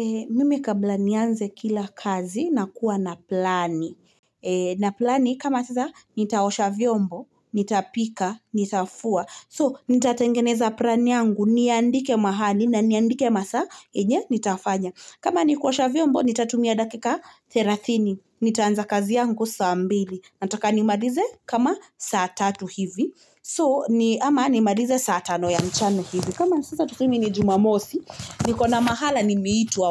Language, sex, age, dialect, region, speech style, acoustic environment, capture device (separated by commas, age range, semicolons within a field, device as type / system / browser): Swahili, female, 25-34, Kenya - Nairobi Sheng-influenced, Kenya, happy; relaxed, silence, mobile / Linux / Chrome